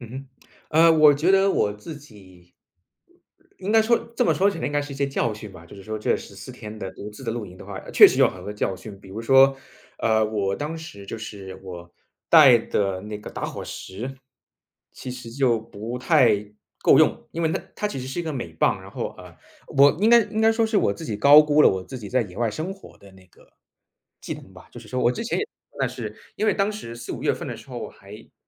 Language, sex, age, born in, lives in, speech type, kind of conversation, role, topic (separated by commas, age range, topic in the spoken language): Chinese, male, 30-34, China, United States, conversation, podcast, guest, 有没有哪次旅行改变了你的人生方向？